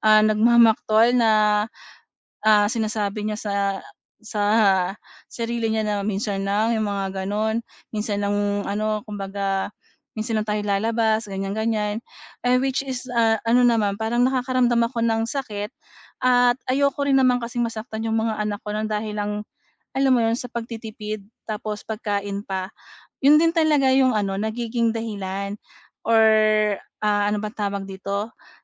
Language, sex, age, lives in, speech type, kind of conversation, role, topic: Filipino, female, 40-44, Philippines, conversation, advice, user, Paano ako makakatipid ng pera nang hindi naiistress at natatakot?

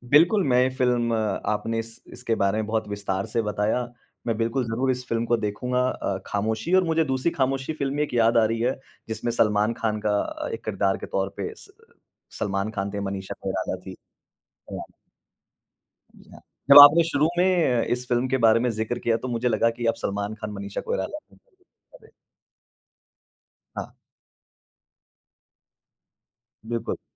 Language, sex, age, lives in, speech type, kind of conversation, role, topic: Hindi, male, 35-39, India, conversation, podcast, host, किस बॉलीवुड फिल्म ने आपकी सोच का नज़रिया बदला और कैसे?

